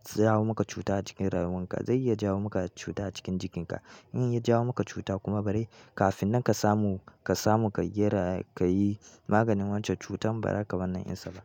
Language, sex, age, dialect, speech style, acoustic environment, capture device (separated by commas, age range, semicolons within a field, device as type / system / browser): Hausa, male, 18-24, Nigeria - Kano, happy, silence, mobile / Linux / Chrome